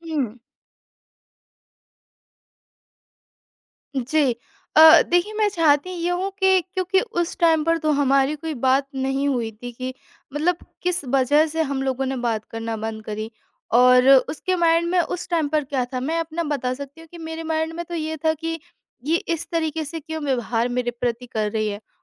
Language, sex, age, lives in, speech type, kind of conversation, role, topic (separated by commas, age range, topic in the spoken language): Hindi, female, 25-29, India, conversation, advice, user, करीबी दोस्त से झगड़ा होने के बाद रिश्ते को फिर से कैसे ठीक करूँ जब यह मुश्किल लग रहा हो?